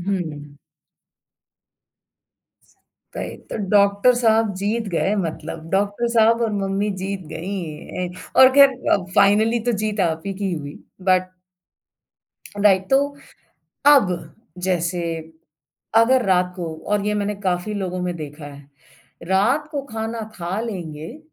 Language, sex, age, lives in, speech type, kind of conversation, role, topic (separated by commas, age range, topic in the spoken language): Hindi, female, 45-49, India, conversation, podcast, host, Cravings aur asli bhookh mein tum kaise farq karte ho?